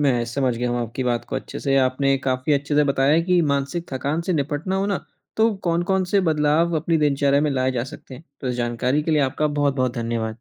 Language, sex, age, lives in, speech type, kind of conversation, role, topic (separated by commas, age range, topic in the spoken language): Hindi, male, 20-24, India, read, expert-assistant, asker, मानसिक थकान से निपटने के लिए कौन-कौन से आसान बदलाव हम अपनी दिनचर्या में ला सकते हैं?